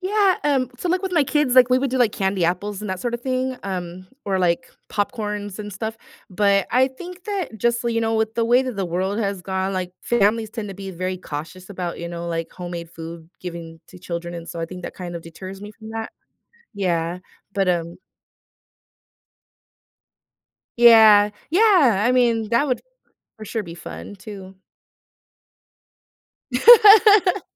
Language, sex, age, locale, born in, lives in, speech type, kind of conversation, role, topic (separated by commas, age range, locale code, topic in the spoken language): English, female, 35-39, en-US, United States, United States, conversation, podcast, guest, How does food shape your family traditions?